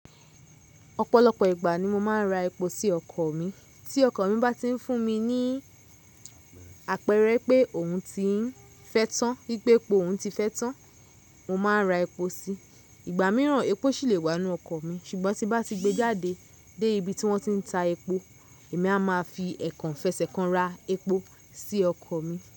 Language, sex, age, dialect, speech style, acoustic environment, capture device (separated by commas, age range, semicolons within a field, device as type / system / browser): Yoruba, female, 25-34, Nigeria - Lagos Yoruba, excited, nature, mobile / Linux / Chrome